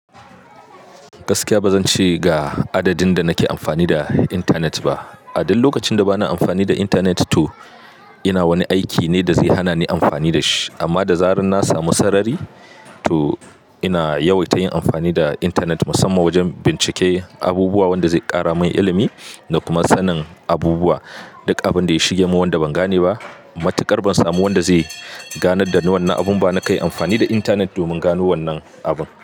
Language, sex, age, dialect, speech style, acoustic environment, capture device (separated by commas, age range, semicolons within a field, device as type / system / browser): Hausa, male, 25-34, Nigeria - Katsina, happy, people talking, mobile / Linux / Chrome